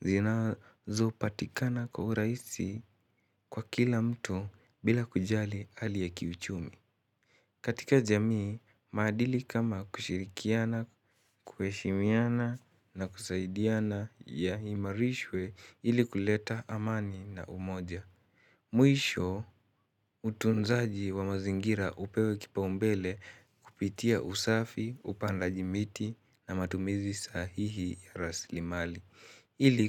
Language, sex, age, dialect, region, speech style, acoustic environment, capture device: Swahili, male, 18-24, Kenya - Nairobi Sheng-influenced, Kenya, excited, nature; people talking, mobile / Linux / Chrome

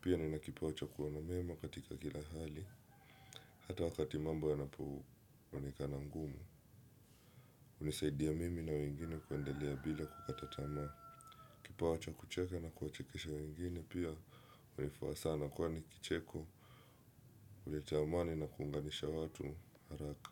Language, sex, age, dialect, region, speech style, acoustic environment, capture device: Swahili, male, 25-34, Kenya - Mombasa, Kenya, happy; relaxed; focused, silence; nature; appliances, desktop / Windows / Chrome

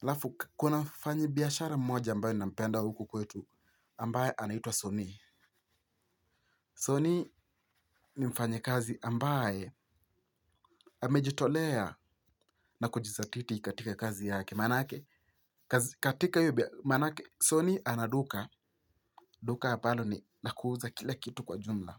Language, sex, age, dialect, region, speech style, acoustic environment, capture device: Swahili, male, 18-24, Kenya - Mombasa, Kenya, stressed; focused, silence, mobile / Linux / Chrome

